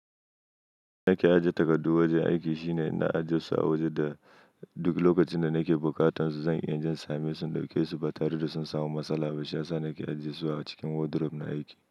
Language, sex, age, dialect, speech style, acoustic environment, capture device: Hausa, male, 25-34, Nigeria - Nigerian Standard, happy, nature; silence, mobile / Linux / Chrome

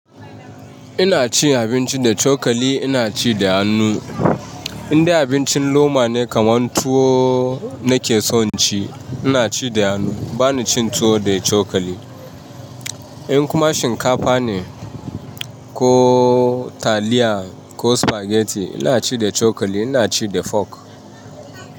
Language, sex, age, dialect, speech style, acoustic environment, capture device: Hausa, male, 35-44, Nigeria - Ibadan, relaxed, traffic; people talking; nature, mobile / Linux / Chrome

